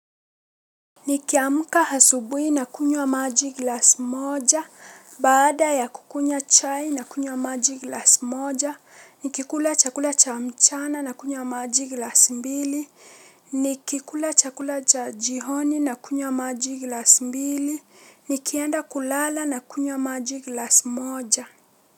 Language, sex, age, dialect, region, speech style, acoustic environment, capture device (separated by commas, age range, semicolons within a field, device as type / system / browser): Swahili, female, 18-24, Kenya - Mombasa, Kenya, relaxed; happy; focused, silence; nature, mobile / Linux / Chrome